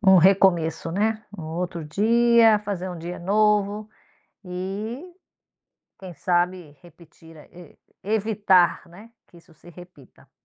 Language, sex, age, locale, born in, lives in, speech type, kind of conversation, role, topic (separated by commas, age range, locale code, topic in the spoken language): Portuguese, female, 50-54, pt-BR, Brazil, United States, conversation, podcast, host, Que hobby ajuda você a desestressar depois de um dia ruim?